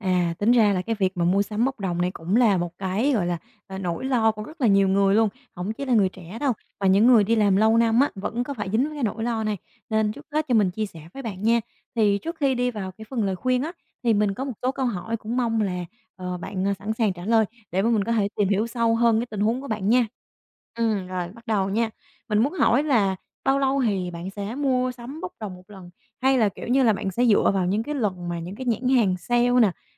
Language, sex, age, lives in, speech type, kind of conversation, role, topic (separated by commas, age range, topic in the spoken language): Vietnamese, female, 25-29, Vietnam, conversation, advice, advisor, Làm thế nào để hạn chế mua sắm bốc đồng để không bị hụt tiền vào cuối tháng?